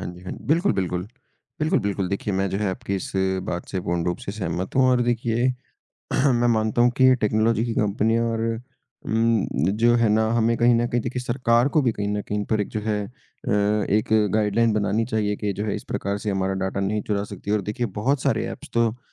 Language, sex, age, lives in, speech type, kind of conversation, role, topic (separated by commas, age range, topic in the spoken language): Hindi, male, 20-24, India, conversation, unstructured, none, क्या तकनीक ने हमारी निजता को खतरे में डाल दिया है?